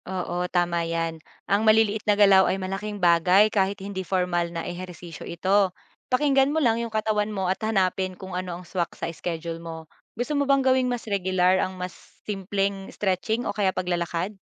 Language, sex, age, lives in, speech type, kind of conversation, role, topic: Filipino, female, 25-29, Philippines, read, expert-assistant, expert, Paano nakakatulong ang strength training hindi lang sa pisikal na kalusugan kundi pati sa mental at emosyonal na aspeto ng buhay?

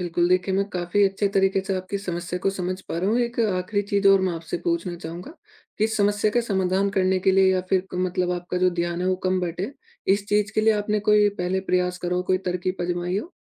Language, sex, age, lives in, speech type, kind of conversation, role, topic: Hindi, male, 20-24, India, conversation, advice, advisor, एक साथ कई काम करने से आपका ध्यान कैसे बँटता है और गलतियाँ कैसे बढ़ती हैं?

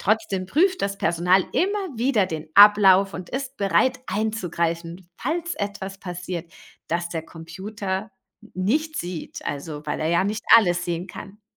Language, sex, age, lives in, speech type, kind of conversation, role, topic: German, female, 40-44, Germany, read, expert-assistant, expert, Wie arbeitet eine Seilbahn?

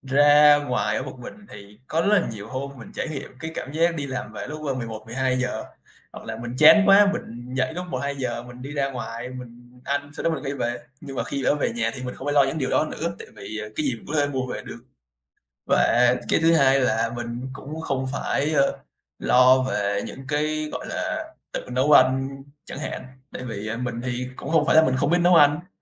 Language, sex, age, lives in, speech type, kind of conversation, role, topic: Vietnamese, male, 20-24, Vietnam, conversation, podcast, guest, Bạn có thể kể về một trải nghiệm đã thay đổi cuộc đời bạn không?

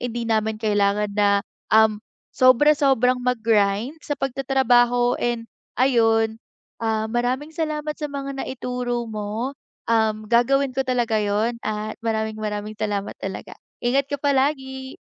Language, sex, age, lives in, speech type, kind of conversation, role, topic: Filipino, female, 20-24, Philippines, conversation, advice, user, Paano ako makapagsisimulang mag-ipon kung hindi ko alam kung saan magsisimula?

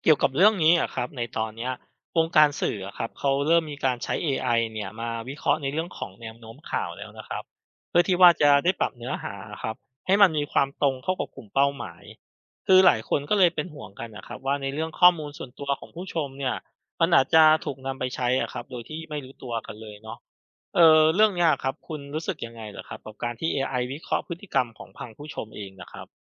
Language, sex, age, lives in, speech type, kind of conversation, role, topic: Thai, male, 35-39, Thailand, read, expert-assistant, expert, ถ้าการถ่ายทอดสดทางโทรทัศน์สามารถใช้ AI แทนผู้ประกาศได้ทั้งหมด คุณคิดว่าประสบการณ์ของผู้ชมและบทบาทของนักข่าวจะเปลี่ยนไปอย่างไร?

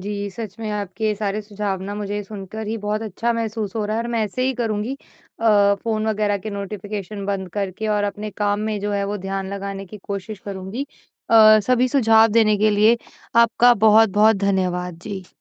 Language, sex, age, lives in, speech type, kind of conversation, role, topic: Hindi, female, 25-29, India, conversation, advice, user, बाहर के विक्षेपों के बावजूद मैं ध्यान कैसे बनाए रखूँ?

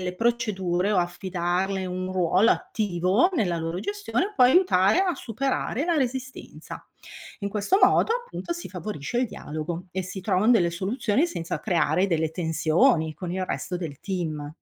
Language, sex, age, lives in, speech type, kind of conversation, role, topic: Italian, female, 55-59, Italy, read, expert-assistant, expert, Come si può organizzare efficacemente il lavoro in un ufficio amministrativo sanitario?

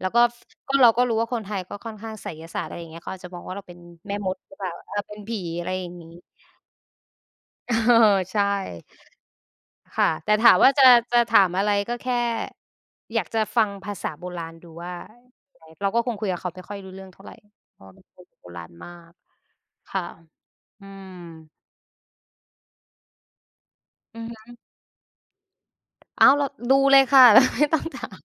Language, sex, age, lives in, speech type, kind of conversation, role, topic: Thai, female, 25-29, Thailand, conversation, unstructured, none, ถ้าคุณย้อนเวลาได้ คุณอยากไปเห็นเหตุการณ์ใดในประวัติศาสตร์มากที่สุด?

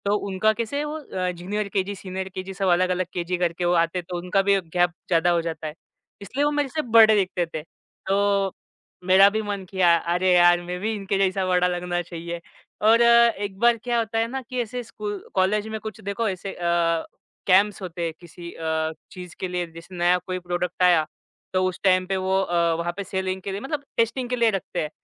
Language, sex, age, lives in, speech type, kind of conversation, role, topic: Hindi, male, 25-29, India, conversation, podcast, guest, Tumhara style pichhle kuch saalon mein kaise badla hai?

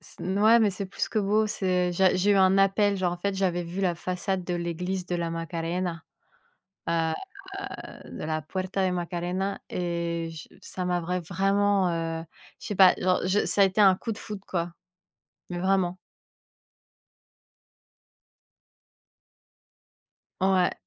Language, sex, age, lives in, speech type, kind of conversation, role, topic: French, female, 30-34, France, conversation, unstructured, none, Quel moment t’a changé sans que tu t’y attendes ?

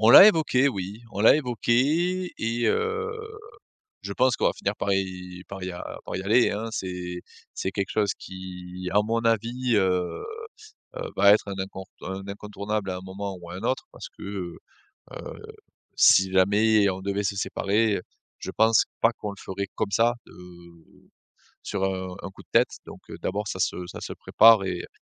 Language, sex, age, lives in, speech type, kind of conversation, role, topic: French, male, 35-39, France, conversation, advice, user, Faut-il mettre fin à une relation de longue durée ou tenter de la sauver ?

